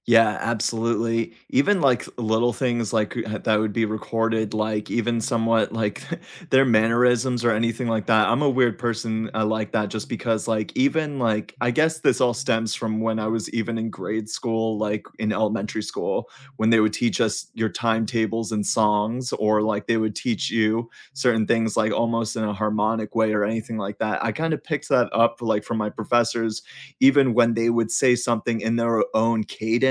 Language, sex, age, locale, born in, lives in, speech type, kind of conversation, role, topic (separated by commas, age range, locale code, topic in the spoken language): English, male, 30-34, en-US, United States, United States, conversation, unstructured, none, Which study habits have genuinely worked for you, and what made them stick?